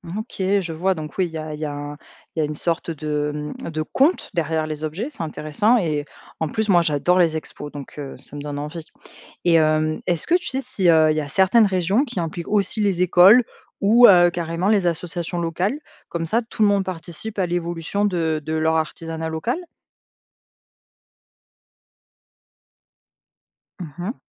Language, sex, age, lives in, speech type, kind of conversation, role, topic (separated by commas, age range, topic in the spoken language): French, female, 25-29, France, read, expert-assistant, asker, Si on mélangeait deux savoir-faire artisanaux régionaux, qu’est-ce que ça pourrait donner ?